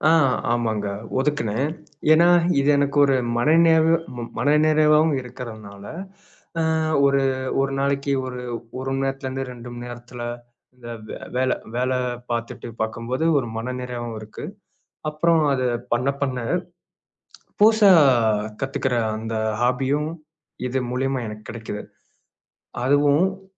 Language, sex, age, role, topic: Tamil, male, 25-29, guest, புதிதாக ஒரு பொழுதுபோக்கைத் தொடங்க நீங்கள் எப்படி முயற்சி செய்கிறீர்கள்?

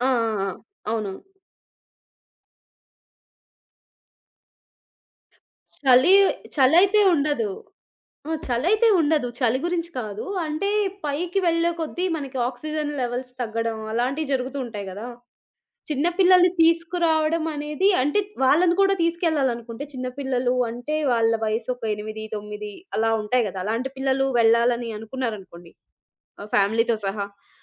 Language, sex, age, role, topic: Telugu, female, 30-34, host, నడక లేదా ట్రెక్కింగ్ చేయడం మీకు ఏమి ఇస్తుంది?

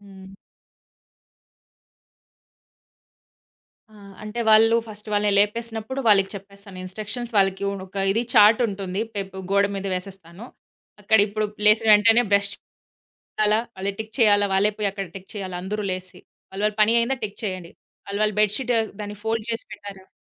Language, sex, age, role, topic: Telugu, female, 30-34, guest, మీ ఇంట్లో మీ ఉదయం సాధారణంగా ఎలా మొదలవుతుంది?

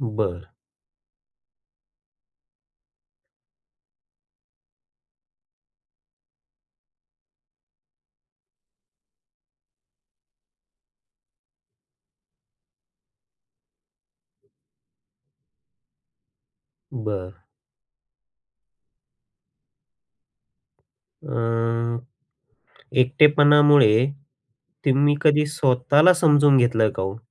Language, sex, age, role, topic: Marathi, male, 35-39, host, एकटेपणा वाटल्यावर तुम्ही काय करता?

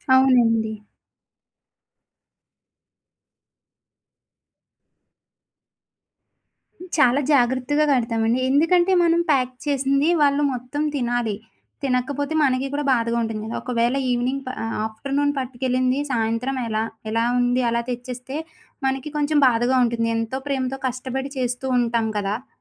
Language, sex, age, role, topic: Telugu, female, 25-29, guest, బాధలో ఉన్న వ్యక్తిని సంతోషపెట్టడానికి మీరు ఇంట్లో చేసిన ఏ వంటకం ఇస్తారు?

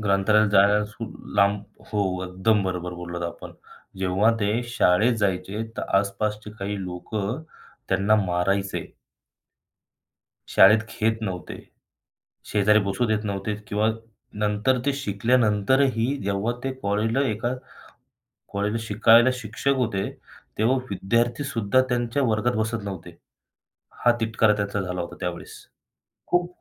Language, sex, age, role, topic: Marathi, male, 25-29, guest, पूर्वजांच्या प्रवासाच्या कथांचा आपल्या आयुष्यावर किती प्रभाव पडतो?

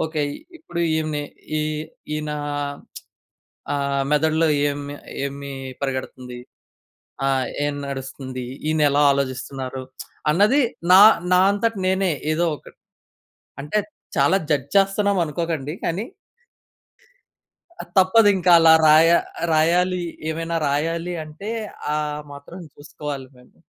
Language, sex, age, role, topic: Telugu, male, 20-24, guest, సాధారణ వ్యక్తి సృజనాత్మకతను పెంచుకోవడానికి మీరు ఏ చిట్కాలు సూచిస్తారు?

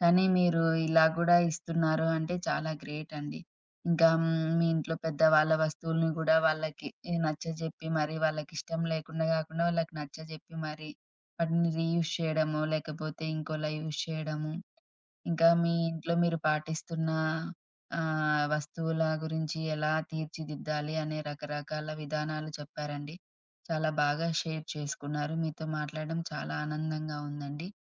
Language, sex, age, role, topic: Telugu, female, 30-34, host, అవసరం లేని వస్తువులను మీరు ఎప్పుడు, ఎలా తొలగిస్తారు?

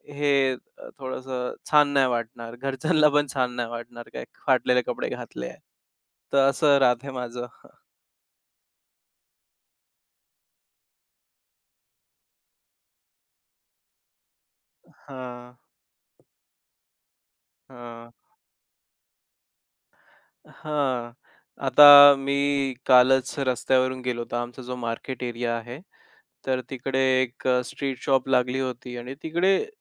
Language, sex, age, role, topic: Marathi, male, 25-29, guest, तुमची कपड्यांची शैली तुमची ओळख कशी व्यक्त करते?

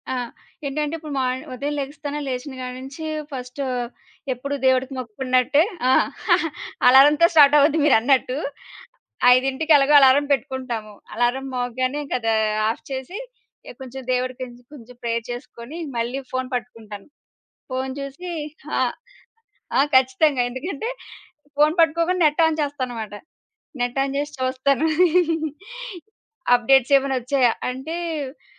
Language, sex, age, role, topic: Telugu, female, 30-34, guest, స్మార్ట్‌ఫోన్ మీ జీవనశైలిని ఎలా మార్చింది?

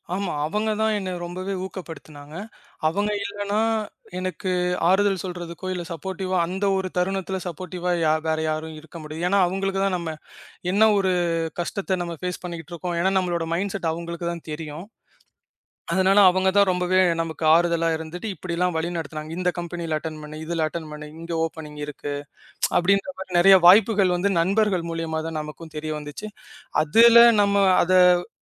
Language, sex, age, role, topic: Tamil, male, 25-29, guest, ஒரு திடீர் வாய்ப்பு உங்கள் வாழ்க்கைப் பாதையை மாற்றியதா, அது எப்படி நடந்தது?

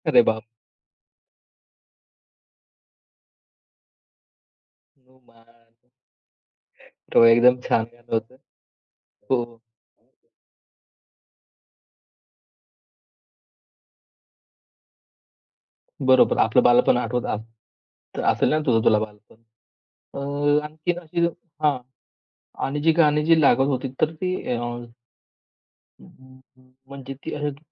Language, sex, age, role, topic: Marathi, male, 25-29, host, लहानपणी तुम्ही कोणती गाणी नेहमी ऐकत असायचात?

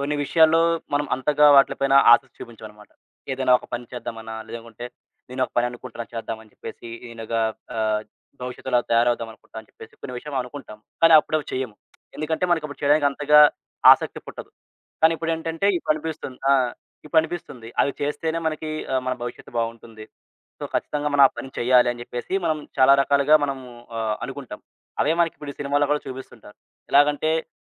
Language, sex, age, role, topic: Telugu, male, 20-24, guest, పాత నమ్మకాన్ని విడిచి కొత్తదాన్ని ఆచరించడం మీకు ఎలా అనిపించింది?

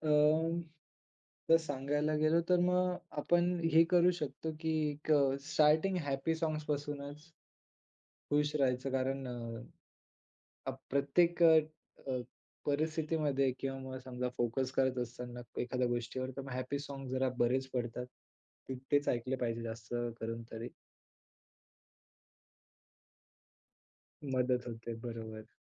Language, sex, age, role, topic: Marathi, male, 20-24, guest, संगीत ऐकल्यामुळे तुमचा एकाग्रपणा वाढतो का, आणि तो कसा वाढतो?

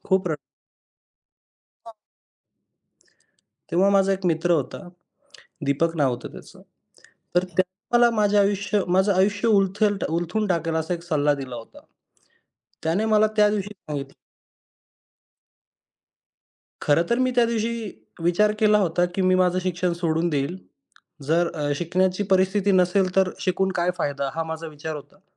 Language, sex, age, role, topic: Marathi, male, 25-29, guest, तुमच्या आयुष्याला पूर्णपणे कलाटणी देणारा तो कोणता क्षण होता?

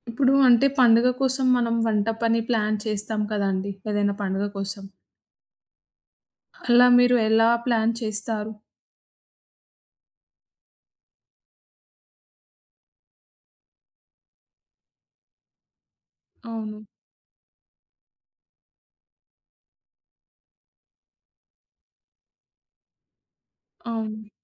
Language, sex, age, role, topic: Telugu, female, 20-24, host, పండుగ కోసం వంటపని ప్రణాళికను మీరు ఎలా సిద్ధం చేసుకోగలరు?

